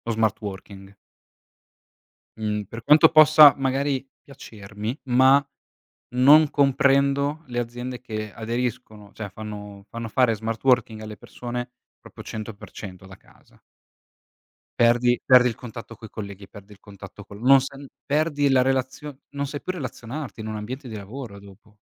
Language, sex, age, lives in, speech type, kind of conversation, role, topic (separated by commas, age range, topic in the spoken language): Italian, male, 25-29, Italy, conversation, unstructured, none, Come ha cambiato la tecnologia il modo in cui comunichiamo?